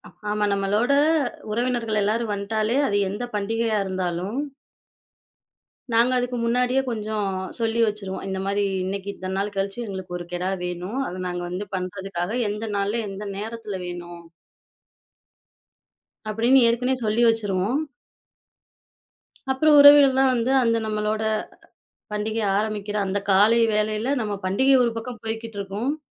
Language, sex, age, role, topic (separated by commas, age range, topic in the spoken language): Tamil, female, 25-29, guest, பண்டிகைகளில் உங்கள் குடும்பம் எந்த உணவைச் சமைத்துப் பெருமைப்படுகிறது?